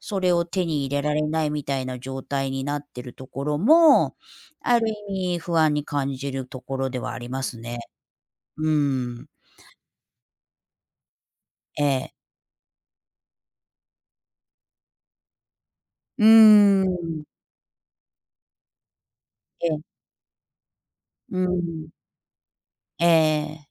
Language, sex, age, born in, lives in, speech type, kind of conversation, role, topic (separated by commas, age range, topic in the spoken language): Japanese, female, 55-59, Japan, United States, conversation, unstructured, none, 外食の安全性について不安に感じることはありますか？